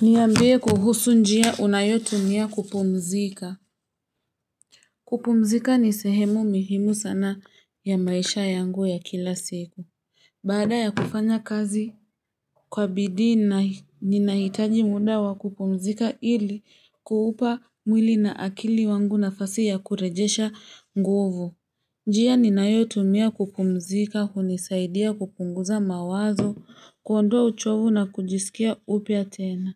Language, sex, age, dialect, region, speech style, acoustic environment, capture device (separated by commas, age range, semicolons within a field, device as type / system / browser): Swahili, female, 25-34, Kenya - Nairobi Sheng-influenced, Kenya, excited; happy, nature; silence; traffic, mobile / Linux / Chrome